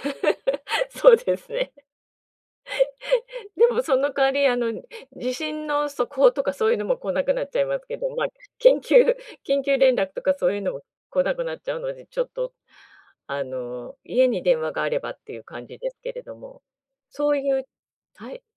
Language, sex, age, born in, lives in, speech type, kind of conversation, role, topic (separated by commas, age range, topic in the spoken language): Japanese, female, 50-54, Japan, Japan, conversation, advice, advisor, どうすれば夜更かしを減らして規則正しく眠れますか？